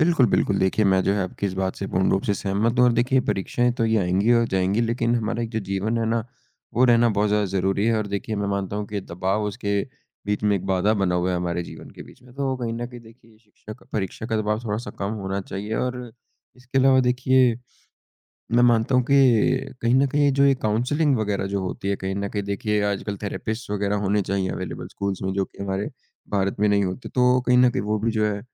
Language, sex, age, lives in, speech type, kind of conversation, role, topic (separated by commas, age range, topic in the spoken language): Hindi, male, 20-24, India, conversation, unstructured, none, क्या परीक्षा का दबाव छात्रों के लिए नुकसानदायक होता है?